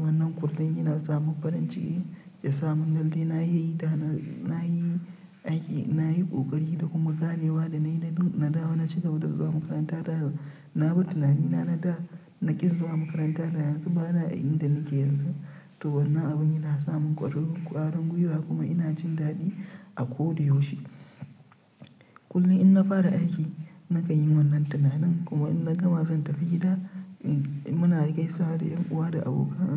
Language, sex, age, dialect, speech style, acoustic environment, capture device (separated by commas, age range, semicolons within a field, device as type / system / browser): Hausa, male, 25-34, Nigeria - Nigerian Standard, relaxed; tired; stressed; focused, silence; nature, mobile / Linux / Chrome